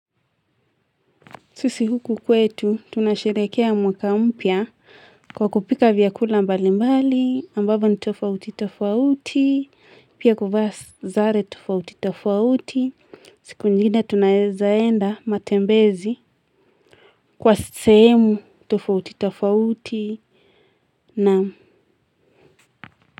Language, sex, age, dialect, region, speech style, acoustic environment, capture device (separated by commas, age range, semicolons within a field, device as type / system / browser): Swahili, female, 18-24, Kenya - Nairobi Sheng-influenced, Kenya, happy, people talking; music; nature, mobile / Linux / Chrome